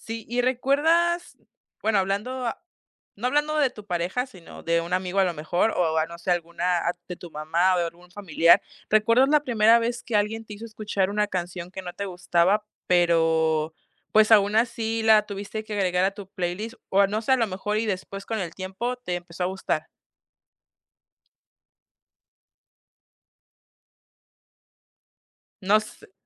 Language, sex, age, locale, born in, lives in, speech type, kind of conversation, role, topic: Spanish, female, 20-24, es-MX, Mexico, Mexico, conversation, podcast, host, ¿Cambiaste tus playlists por amor o por amigos?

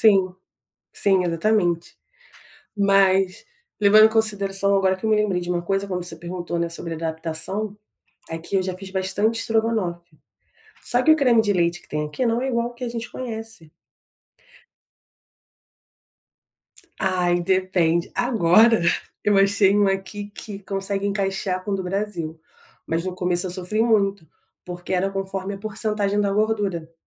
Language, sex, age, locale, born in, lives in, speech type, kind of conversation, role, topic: Portuguese, female, 30-34, pt-BR, Brazil, France, conversation, podcast, guest, Como a migração mudou a forma como você se alimenta?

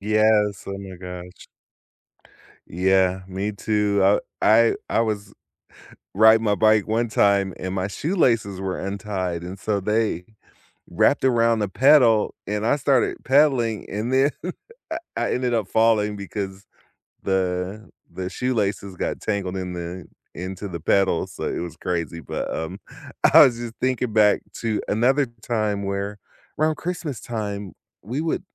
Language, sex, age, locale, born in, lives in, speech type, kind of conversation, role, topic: English, male, 50-54, en-US, United States, United States, conversation, unstructured, none, Which childhood neighborhood moments still make you smile, and what made that place feel like home?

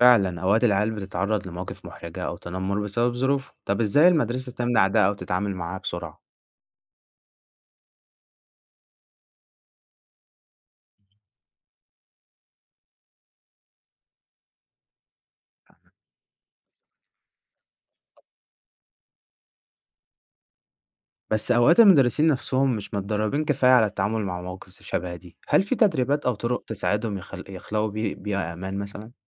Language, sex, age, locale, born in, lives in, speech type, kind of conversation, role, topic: Arabic, male, 20-24, ar-EG, Egypt, Egypt, read, expert-assistant, asker, لو حبينا نشرح عدم المساواة الاجتماعية في مصر كأنها سباق جري، إيه الأنالوجي أو التشبيه اللي ممكن يوضح الفكرة دي؟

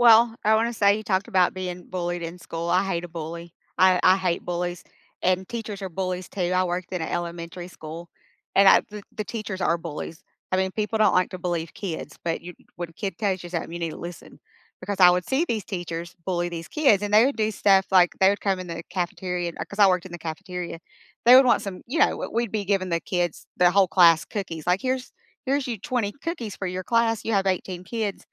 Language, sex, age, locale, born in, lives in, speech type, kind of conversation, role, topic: English, female, 50-54, en-US, United States, United States, conversation, unstructured, none, What is a tiny habit that improved your week?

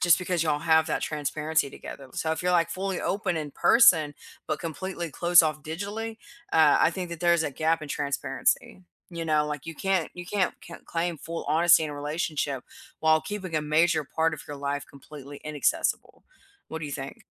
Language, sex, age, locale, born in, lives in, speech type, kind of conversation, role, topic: English, female, 30-34, en-US, United States, United States, conversation, unstructured, none, Does sharing passwords build trust, or does privacy better protect healthy relationships in modern partnerships?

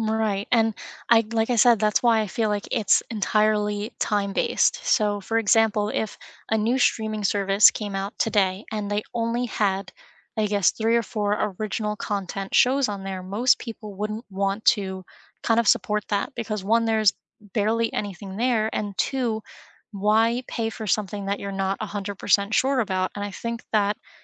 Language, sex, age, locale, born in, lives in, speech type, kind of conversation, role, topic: English, female, 25-29, en-US, United States, United States, conversation, unstructured, none, Should streaming platforms prioritize original content or large licensed libraries?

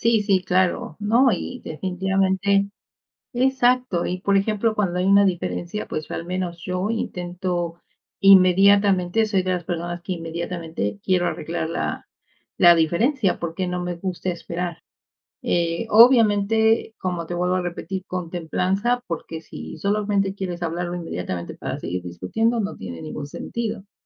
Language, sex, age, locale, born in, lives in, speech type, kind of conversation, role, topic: Spanish, female, 50-54, es-MX, Mexico, Mexico, conversation, unstructured, none, ¿Cómo manejas las diferencias en una relación?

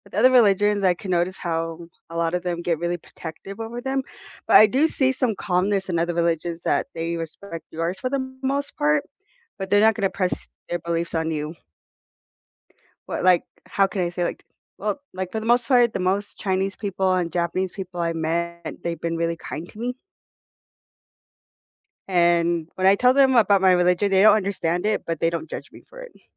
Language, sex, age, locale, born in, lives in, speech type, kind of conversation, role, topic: English, female, 25-29, en-US, United States, United States, conversation, unstructured, none, What makes people so protective of their religious beliefs?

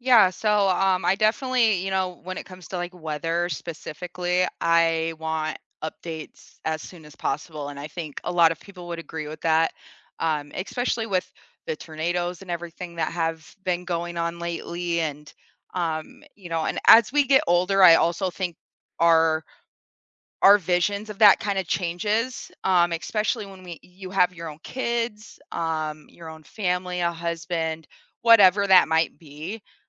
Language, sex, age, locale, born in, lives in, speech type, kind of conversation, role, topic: English, female, 25-29, en-US, United States, United States, conversation, unstructured, none, What is your go-to way to keep up with local government decisions and neighborhood updates?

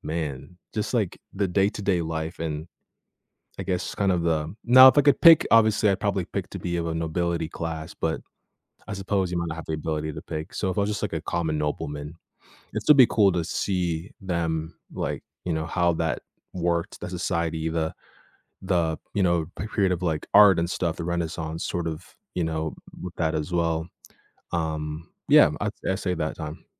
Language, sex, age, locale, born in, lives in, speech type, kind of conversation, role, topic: English, male, 18-19, en-US, Canada, United States, conversation, unstructured, none, Would you rather travel back in time or see the future?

